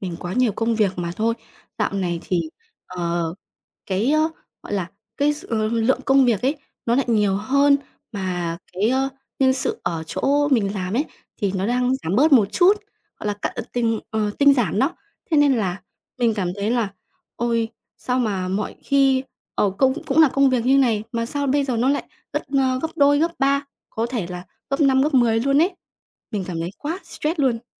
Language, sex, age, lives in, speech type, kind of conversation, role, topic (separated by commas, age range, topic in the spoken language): Vietnamese, female, 20-24, Vietnam, conversation, advice, user, Bạn đang gặp khó khăn gì khi đặt ranh giới giữa công việc và thời gian nghỉ ngơi?